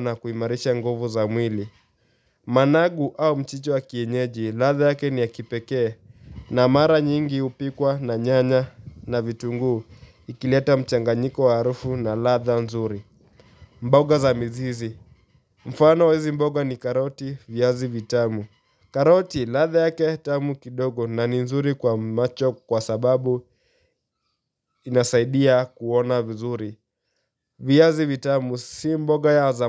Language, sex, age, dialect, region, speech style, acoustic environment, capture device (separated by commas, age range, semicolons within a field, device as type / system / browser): Swahili, male, 18-24, Kenya - Nairobi Sheng-influenced, Kenya, focused; relaxed, nature; silence, mobile / Linux / Chrome